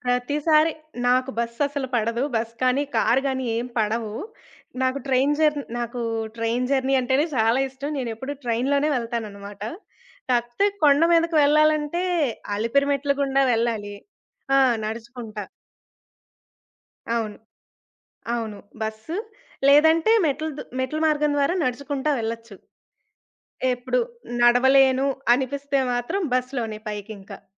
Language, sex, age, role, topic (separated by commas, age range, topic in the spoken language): Telugu, female, 20-24, guest, మీ జీవితంలో మీకు మర్చిపోలేని ప్రయాణ అనుభవం ఏది?